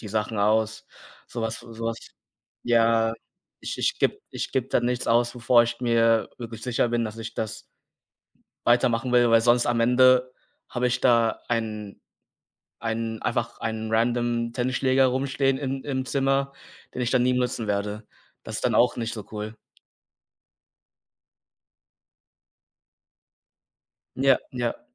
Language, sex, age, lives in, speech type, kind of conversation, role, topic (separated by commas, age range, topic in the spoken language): German, male, 20-24, Germany, conversation, unstructured, none, Wie hat dir Sport geholfen, dich besser zu fühlen?